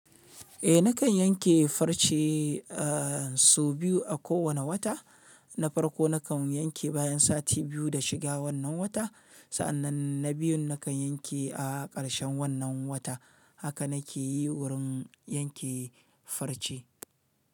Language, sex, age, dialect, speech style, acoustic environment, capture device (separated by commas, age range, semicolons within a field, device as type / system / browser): Hausa, male, 35-44, Nigeria - Kano, happy; relaxed; excited, silence; nature, mobile / Linux / Chrome